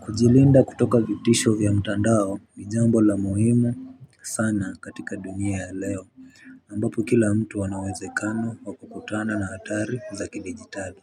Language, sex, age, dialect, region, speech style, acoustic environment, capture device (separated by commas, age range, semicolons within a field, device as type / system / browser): Swahili, male, 18-24, Kenya - Nairobi Sheng-influenced, Kenya, focused; relaxed, people talking; traffic, mobile / Linux / Chrome